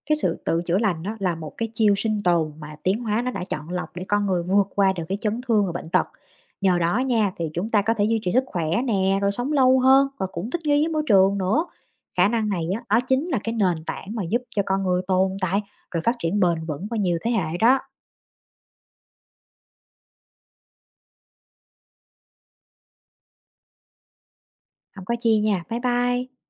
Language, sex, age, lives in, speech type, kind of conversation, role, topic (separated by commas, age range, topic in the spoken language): Vietnamese, female, 30-34, United States, read, expert-assistant, expert, Bạn từng thắc mắc tại sao cơ thể lại tự chữa lành vết thương chưa? Quá trình này nói gì về sự sống và khả năng tồn tại của con người?